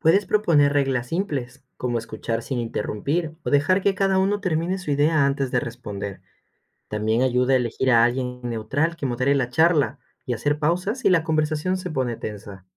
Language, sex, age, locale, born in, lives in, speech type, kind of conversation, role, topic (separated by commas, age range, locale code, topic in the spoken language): Spanish, male, 25-29, es-EC, Ecuador, Spain, read, expert-assistant, expert, ¿De qué manera poco convencional podríamos abordar los conflictos familiares para evitar los mismos malentendidos de siempre?